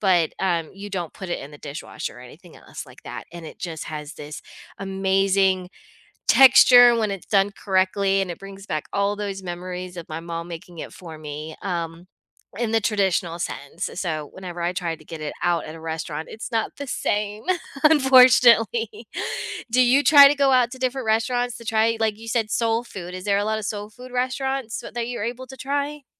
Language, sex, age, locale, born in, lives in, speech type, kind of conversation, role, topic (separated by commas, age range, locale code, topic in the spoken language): English, female, 40-44, en-US, United States, United States, conversation, unstructured, none, How can food tell a story about a culture?